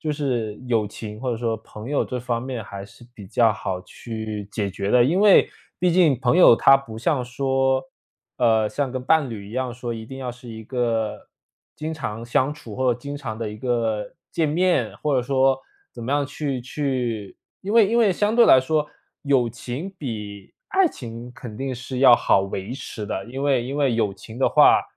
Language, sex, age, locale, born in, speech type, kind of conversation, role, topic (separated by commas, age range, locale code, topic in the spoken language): Chinese, male, 25-29, zh-CN, China, conversation, advice, advisor, 陪伴伴侣时如何兼顾自我照顾，避免关系变得沉重？